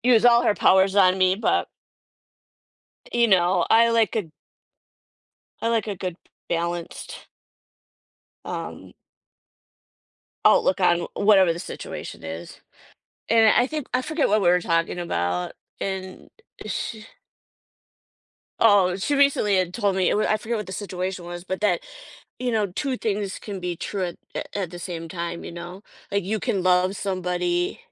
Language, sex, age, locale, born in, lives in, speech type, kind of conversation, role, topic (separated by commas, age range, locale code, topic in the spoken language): English, female, 50-54, en-US, United States, United States, conversation, podcast, guest, How can seeing things from another person’s point of view help us resolve disagreements?